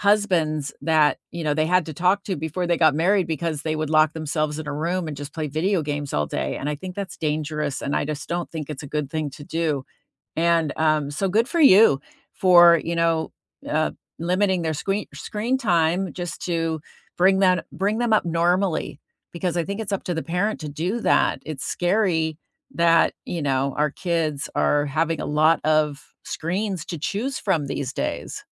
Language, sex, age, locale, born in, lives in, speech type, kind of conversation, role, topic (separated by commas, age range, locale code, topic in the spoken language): English, female, 60-64, en-US, United States, United States, conversation, unstructured, none, What is your favorite way to start the day?